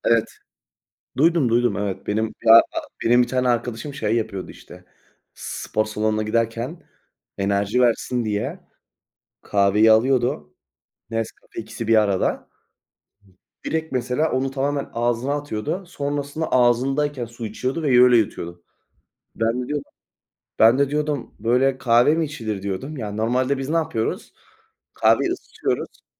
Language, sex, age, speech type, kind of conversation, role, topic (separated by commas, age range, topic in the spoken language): Turkish, male, 20-24, conversation, unstructured, none, Kahvaltıda çayı mı yoksa kahveyi mi tercih edersiniz?